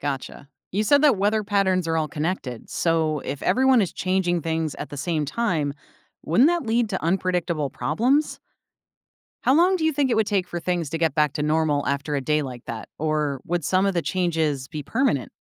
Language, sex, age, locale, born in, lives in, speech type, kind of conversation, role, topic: English, female, 35-39, en-US, United States, United States, read, expert-assistant, asker, How would the world change if everyone could control the weather for a day?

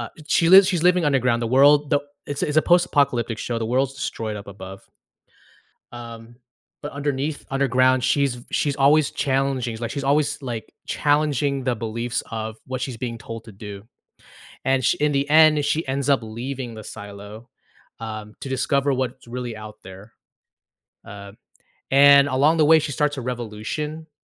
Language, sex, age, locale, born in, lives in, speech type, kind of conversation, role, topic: English, male, 35-39, en-US, United States, United States, conversation, podcast, host, How can a TV show shape your perspective or connect with your experiences?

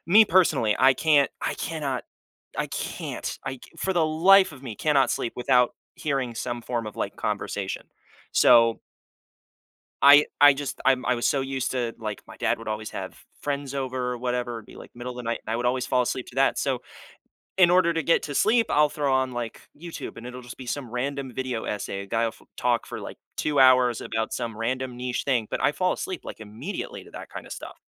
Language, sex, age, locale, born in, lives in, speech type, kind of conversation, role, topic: English, male, 20-24, en-US, United States, United States, conversation, podcast, host, What morning habits help you feel your best throughout the day?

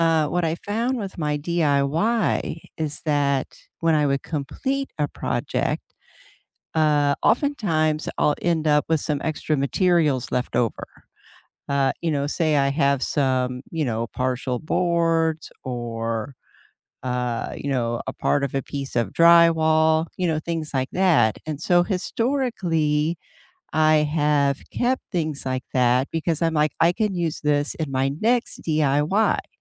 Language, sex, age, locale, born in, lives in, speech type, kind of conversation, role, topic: English, female, 55-59, en-US, United States, United States, conversation, unstructured, none, Does owning fewer possessions reduce stress or limit convenience?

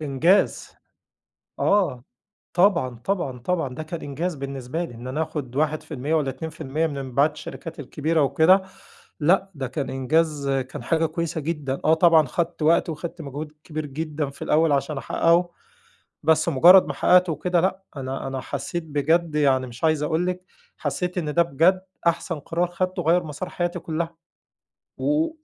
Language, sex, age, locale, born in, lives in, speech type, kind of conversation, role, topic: Arabic, male, 40-44, ar-EG, Egypt, Egypt, conversation, podcast, host, إيه أول قرار غيّر مجرى حياتك؟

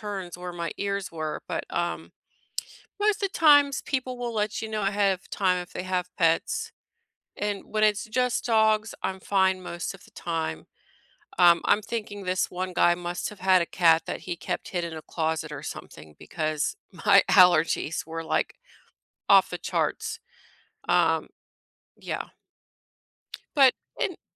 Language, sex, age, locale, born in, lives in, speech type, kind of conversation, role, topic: English, female, 40-44, en-US, United States, United States, conversation, unstructured, none, What are you most looking forward to this weekend?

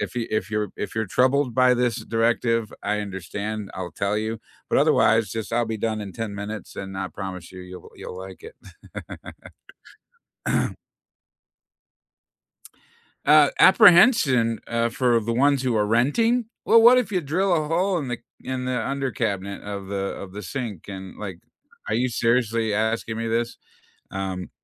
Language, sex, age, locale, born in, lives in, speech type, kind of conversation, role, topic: English, male, 55-59, en-US, United States, United States, conversation, unstructured, none, What job makes you feel proud of your work?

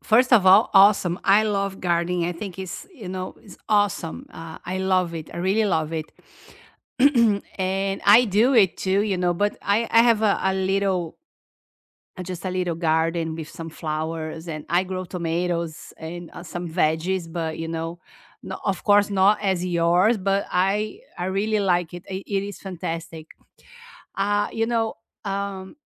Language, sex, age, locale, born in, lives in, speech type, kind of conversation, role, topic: English, female, 50-54, en-BR, Brazil, United States, conversation, unstructured, none, What hobby have you picked up recently, and why has it stuck?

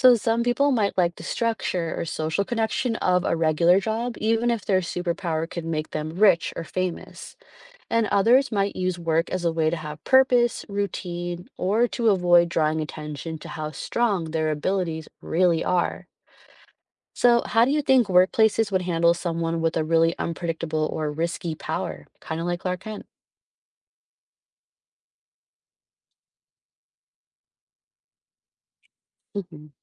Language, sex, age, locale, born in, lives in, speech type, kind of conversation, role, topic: English, female, 35-39, en-US, United States, United States, read, expert-assistant, expert, What would happen if everyone chose a superpower?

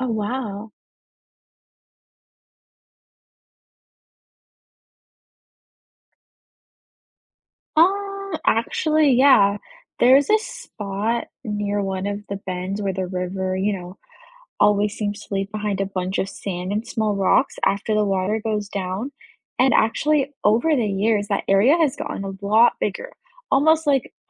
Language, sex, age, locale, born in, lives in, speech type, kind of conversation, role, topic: English, female, 20-24, en-US, United States, United States, read, expert-assistant, asker, How do weathering and erosion shape landscapes over time?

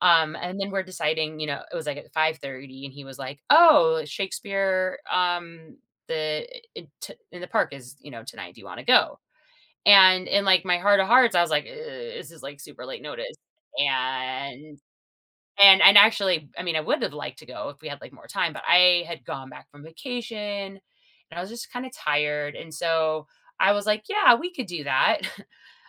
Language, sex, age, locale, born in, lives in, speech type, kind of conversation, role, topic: English, female, 40-44, en-US, United States, United States, conversation, advice, user, How can I improve communication in my relationship?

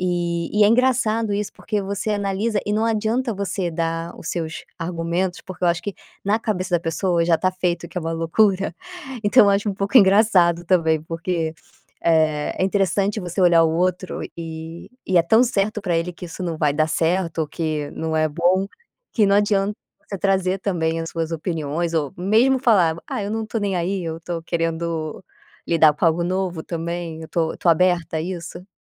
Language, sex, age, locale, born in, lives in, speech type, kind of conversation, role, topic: Portuguese, female, 30-34, pt-BR, Brazil, Spain, conversation, advice, user, Como posso conciliar as expectativas sociais com a minha identidade pessoal?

